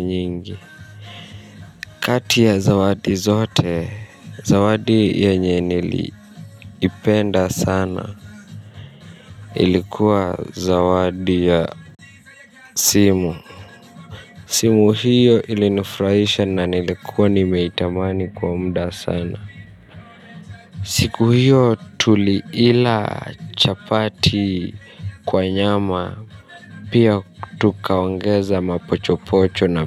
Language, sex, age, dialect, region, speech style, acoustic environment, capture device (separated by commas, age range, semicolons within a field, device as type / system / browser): Swahili, male, 25-34, Kenya - Nairobi Sheng-influenced, Kenya, nervous; stressed; tired, people talking; music, mobile / Linux / Chrome